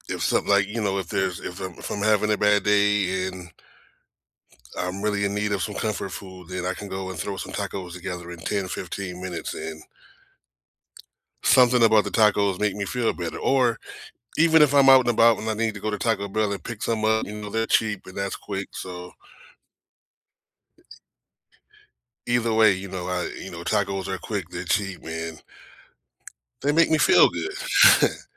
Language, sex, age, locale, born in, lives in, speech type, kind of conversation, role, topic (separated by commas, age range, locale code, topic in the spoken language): English, male, 45-49, en-US, United States, United States, conversation, unstructured, none, What is your favorite comfort food, and why?